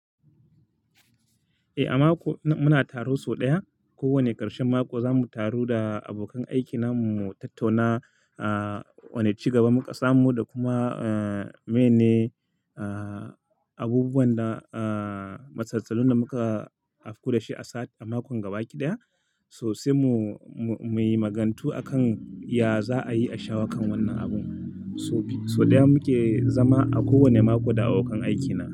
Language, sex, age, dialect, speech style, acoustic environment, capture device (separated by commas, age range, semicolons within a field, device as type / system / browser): Hausa, male, 25-34, Nigeria - Kano, relaxed; focused, silence, mobile / Linux / Chrome